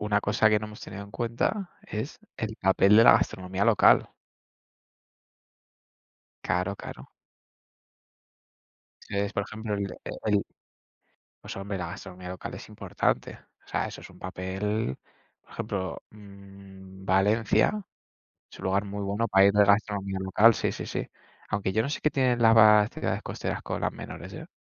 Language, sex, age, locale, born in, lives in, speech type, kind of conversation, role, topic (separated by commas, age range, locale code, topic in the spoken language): Spanish, male, 20-24, es-ES, Spain, Spain, conversation, unstructured, none, ¿Qué hace que un lugar sea perfecto para una escapada de fin de semana?